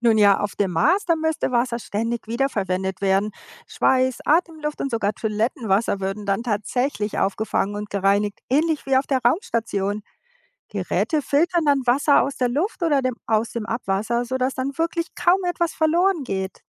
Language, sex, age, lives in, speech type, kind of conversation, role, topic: German, female, 55-59, United States, read, expert-assistant, expert, Welche Vorteile und Herausforderungen bringt die geplante Besiedlung des Mars mit sich?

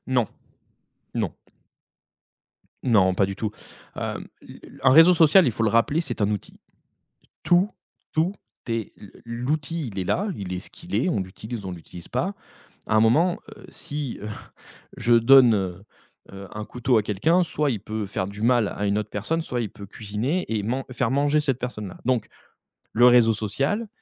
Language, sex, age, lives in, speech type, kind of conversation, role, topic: French, male, 35-39, France, conversation, podcast, guest, Comment les réseaux sociaux transforment-ils les histoires que nous racontons ?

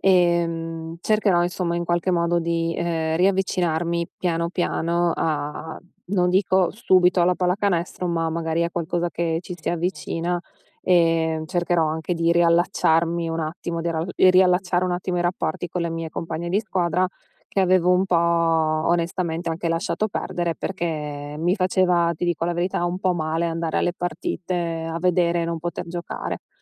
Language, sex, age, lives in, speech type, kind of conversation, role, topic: Italian, female, 35-39, United States, conversation, advice, user, Come posso rivedere i miei obiettivi e ritrovare la motivazione dopo uno stop forzato per infortunio?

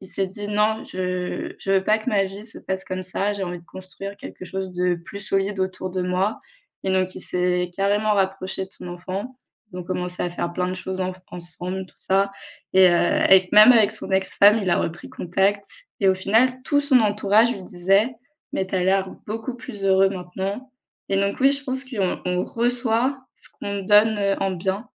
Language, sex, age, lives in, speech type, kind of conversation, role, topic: French, female, 25-29, France, conversation, unstructured, none, Penses-tu que parler de la mort peut aider à mieux vivre ?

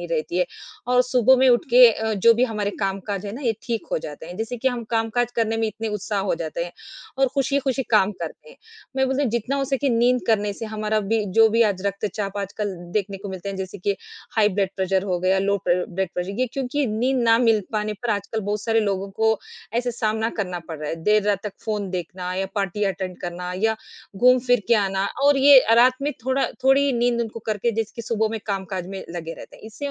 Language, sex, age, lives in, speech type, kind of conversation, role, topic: Hindi, female, 40-44, India, conversation, unstructured, none, क्या योग और ध्यान करने से मानसिक स्वास्थ्य में सुधार होता है?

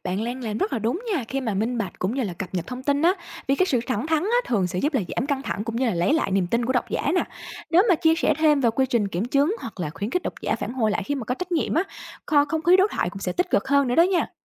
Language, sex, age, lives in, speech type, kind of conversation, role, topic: Vietnamese, female, 25-29, Vietnam, read, expert-assistant, expert, Khi đối mặt với áp lực thời gian, nhà báo nên ưu tiên độ chính xác hay tốc độ đưa tin?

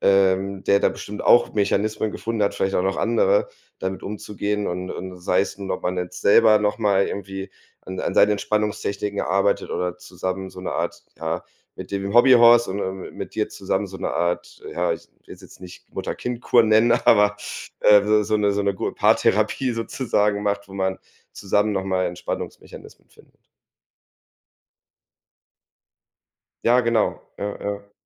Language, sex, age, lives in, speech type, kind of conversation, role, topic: German, male, 30-34, Germany, conversation, advice, advisor, Wie kann ich meine Angst vor Verletzungen oder Schmerzen beim Sport überwinden?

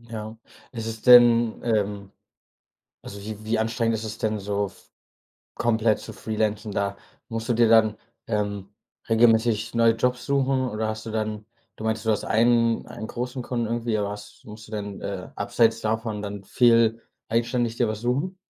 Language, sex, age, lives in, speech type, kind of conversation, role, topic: German, male, 25-29, Germany, conversation, podcast, host, Welches Risiko bist du eingegangen, das sich unerwartet ausgezahlt hat?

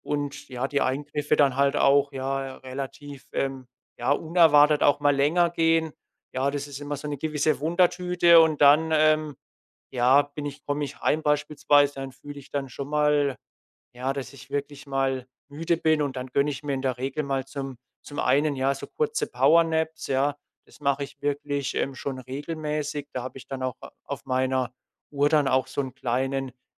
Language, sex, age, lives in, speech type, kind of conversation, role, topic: German, male, 25-29, Germany, conversation, podcast, guest, Wie gehst du mit Müdigkeitsanfällen am Nachmittag um?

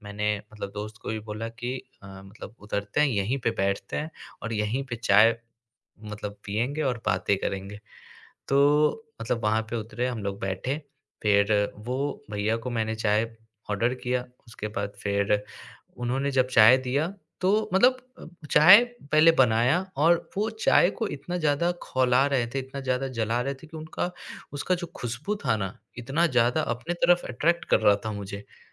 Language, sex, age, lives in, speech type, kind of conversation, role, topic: Hindi, male, 25-29, India, conversation, podcast, guest, Chai ki tapri par sab milkar gupshup karne ka feel kaisa hota hai?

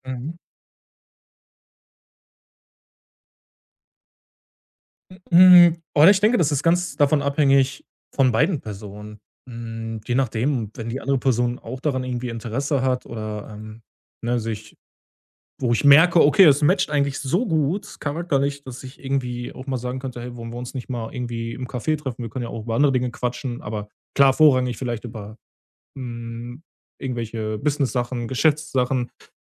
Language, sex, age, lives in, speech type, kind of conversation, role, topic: German, male, 30-34, Germany, conversation, podcast, guest, Wie vermeidest du, dass Networking oberflächlich bleibt?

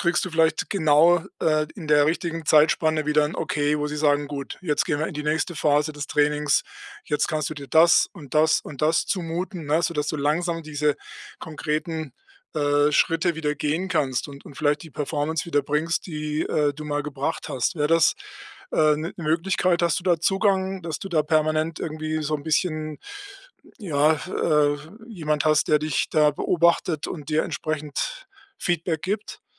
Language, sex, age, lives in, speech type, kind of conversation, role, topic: German, male, 60-64, Germany, conversation, advice, advisor, Wie kann ich mit Rückschlägen umgehen, ohne die Motivation zu verlieren?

